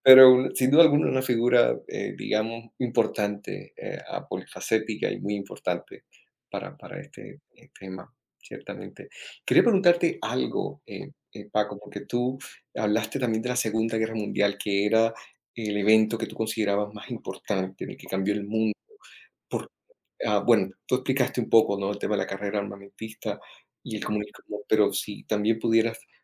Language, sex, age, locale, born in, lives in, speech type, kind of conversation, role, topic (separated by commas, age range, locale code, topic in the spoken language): Spanish, male, 30-34, es-CO, Colombia, United States, conversation, unstructured, none, ¿Qué evento histórico crees que cambió el mundo para siempre?